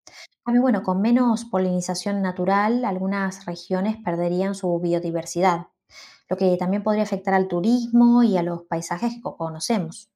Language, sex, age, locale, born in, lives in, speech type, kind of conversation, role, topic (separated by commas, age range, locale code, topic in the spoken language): Spanish, female, 35-39, es-AR, Argentina, Netherlands, read, expert-assistant, expert, ¿De qué forma contribuyen las abejas al equilibrio ecológico?